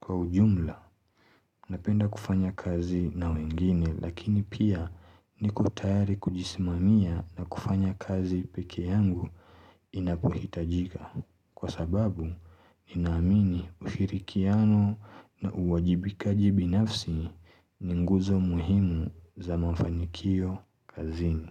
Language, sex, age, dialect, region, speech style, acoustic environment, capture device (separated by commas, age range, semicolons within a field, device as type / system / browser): Swahili, male, 25-34, Kenya - Mombasa, Kenya, relaxed, silence, mobile / Linux / Chrome